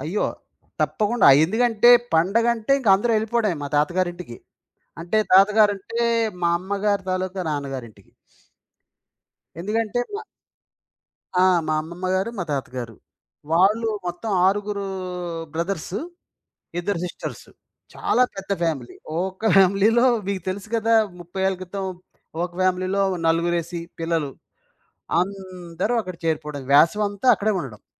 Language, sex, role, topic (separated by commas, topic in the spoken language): Telugu, male, guest, నీ కుటుంబ సంప్రదాయాలు నీకు ఏమంటాయో చెప్పగలవా?